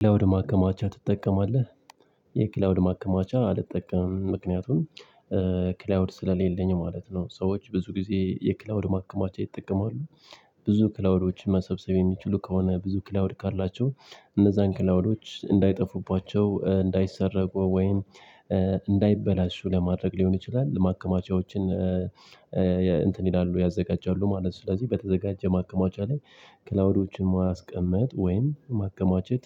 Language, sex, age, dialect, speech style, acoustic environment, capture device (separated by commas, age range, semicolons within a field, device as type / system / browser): Amharic, male, 25-34, Ethiopia - Addis Ababa, happy, nature, mobile / Linux / Chrome